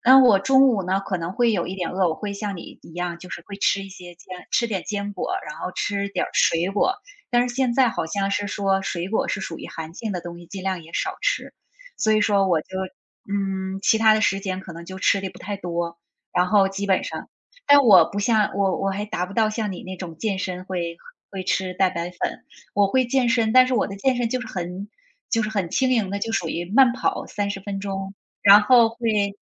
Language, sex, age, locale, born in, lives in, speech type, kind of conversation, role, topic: Chinese, female, 45-49, zh-TW, China, United States, conversation, unstructured, none, 你觉得喝茶和喝咖啡哪个更提神？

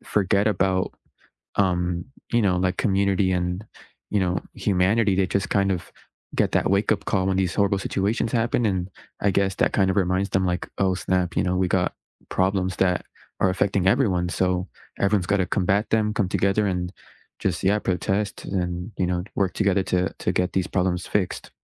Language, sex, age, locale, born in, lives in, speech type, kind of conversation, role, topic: English, male, 20-24, en-US, United States, United States, conversation, unstructured, none, What is one way politics can bring people closer?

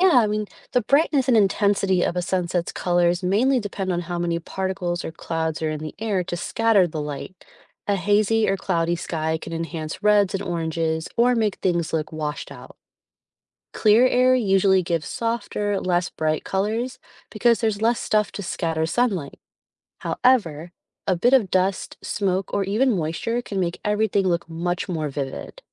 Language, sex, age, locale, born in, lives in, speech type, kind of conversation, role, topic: English, female, 35-39, en-US, United States, United States, read, expert-assistant, expert, What causes the colors seen at sunset?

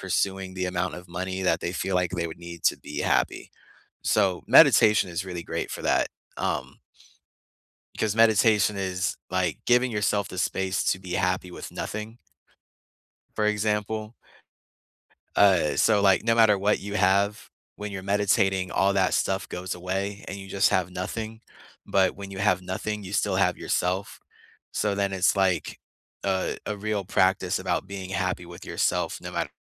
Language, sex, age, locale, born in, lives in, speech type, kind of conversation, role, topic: English, male, 25-29, en-US, United States, United States, conversation, unstructured, none, What everyday habits make your day run more smoothly?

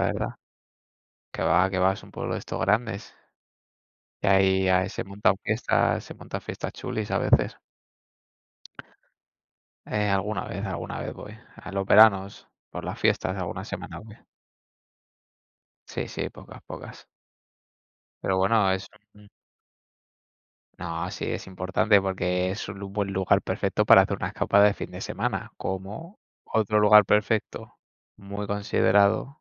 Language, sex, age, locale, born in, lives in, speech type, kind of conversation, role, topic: Spanish, male, 20-24, es-ES, Spain, Spain, conversation, unstructured, none, ¿Qué hace que un lugar sea perfecto para una escapada de fin de semana?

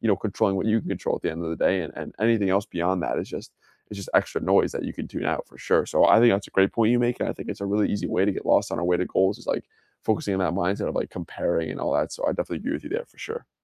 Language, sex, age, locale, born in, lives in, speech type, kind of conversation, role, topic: English, male, 20-24, en-US, United States, United States, conversation, unstructured, none, What do you hope to achieve in the next five years?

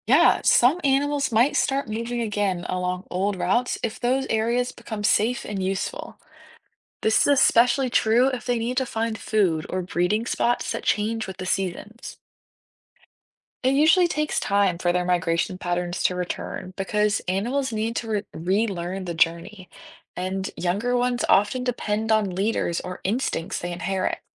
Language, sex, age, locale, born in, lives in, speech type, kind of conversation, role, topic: English, female, 18-19, en-US, United States, United States, read, expert-assistant, expert, Why do some animals migrate and how do they find their way?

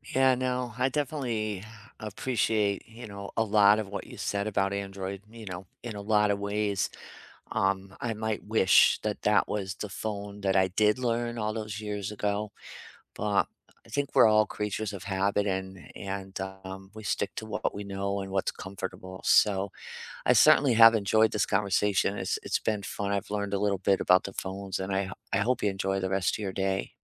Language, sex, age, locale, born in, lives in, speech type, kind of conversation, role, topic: English, female, 55-59, en-US, United States, United States, conversation, unstructured, none, What has surprised you and what do you regret about switching between an iPhone and an Android phone?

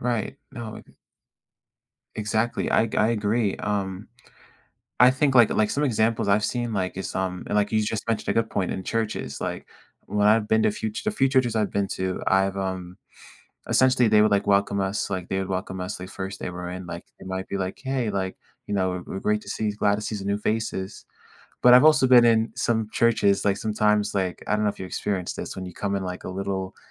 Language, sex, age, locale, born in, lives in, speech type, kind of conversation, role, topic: English, male, 18-19, en-US, United States, United States, conversation, podcast, host, How can communities create an environment where newcomers feel included and valued?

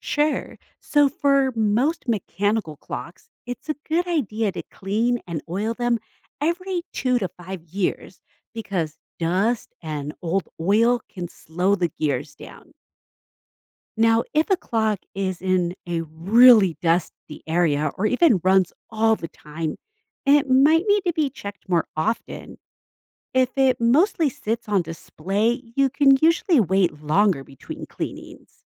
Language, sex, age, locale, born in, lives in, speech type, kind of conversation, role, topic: English, female, 40-44, en-US, United States, United States, read, expert-assistant, expert, How does a clock keep ticking accurately?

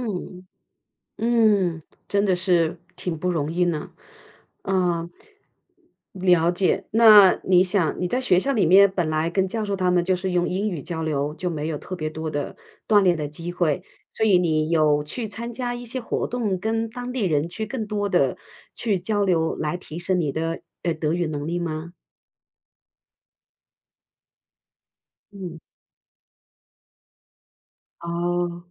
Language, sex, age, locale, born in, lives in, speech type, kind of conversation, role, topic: Chinese, female, 50-54, zh-CN, China, United States, conversation, podcast, host, 当你语言不太通时，你是怎么适应新文化的？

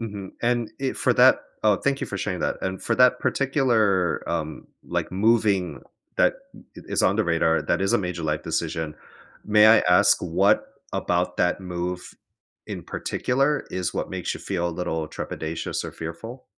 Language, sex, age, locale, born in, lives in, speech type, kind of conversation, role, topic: English, male, 35-39, en-US, United States, United States, conversation, advice, advisor, How do I decide between major life options when I'm unsure which aligns with my values?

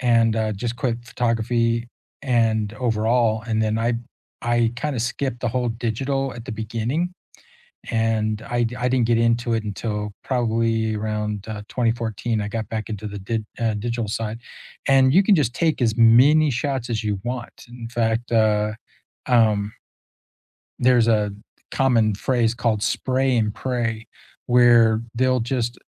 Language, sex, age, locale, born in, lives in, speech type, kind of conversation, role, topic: English, male, 60-64, en-US, United States, United States, conversation, unstructured, none, What is a hobby you have rediscovered recently?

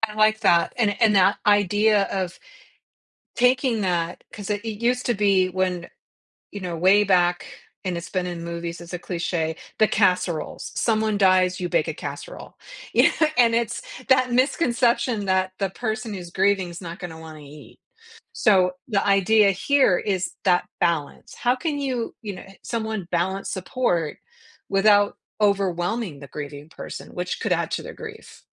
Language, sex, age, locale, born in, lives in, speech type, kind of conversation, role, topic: English, female, 55-59, en-US, United States, United States, conversation, podcast, host, What are thoughtful ways to be there for someone who is grieving?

